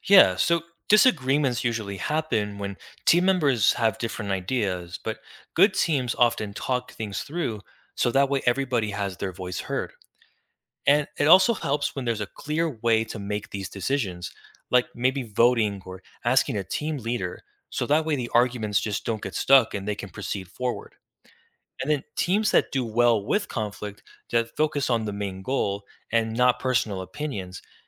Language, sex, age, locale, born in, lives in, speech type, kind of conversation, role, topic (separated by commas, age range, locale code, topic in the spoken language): English, male, 20-24, en-US, United States, United States, read, expert-assistant, expert, How does teamwork help people accomplish difficult tasks?